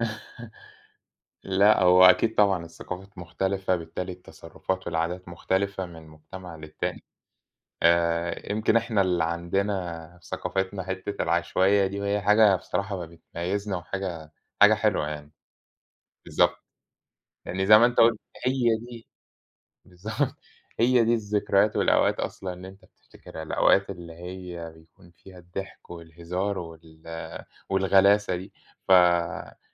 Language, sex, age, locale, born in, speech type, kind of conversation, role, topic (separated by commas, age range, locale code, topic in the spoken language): Arabic, male, 30-34, ar-EG, Egypt, conversation, unstructured, none, هل إنت شايف إن الأكل بيجمع الناس مع بعض؟